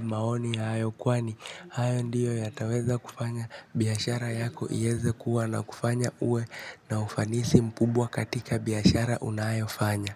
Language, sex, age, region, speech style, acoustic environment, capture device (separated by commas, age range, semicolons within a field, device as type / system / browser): Swahili, male, 18-24, Kenya, focused, nature, mobile / Linux / Chrome